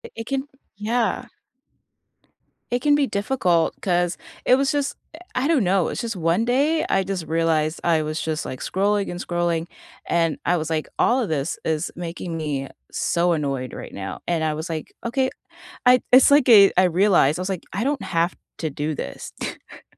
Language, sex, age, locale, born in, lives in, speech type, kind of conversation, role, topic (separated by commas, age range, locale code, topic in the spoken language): English, female, 30-34, en-US, United States, United States, conversation, unstructured, none, What is one simple habit that improved your life this year?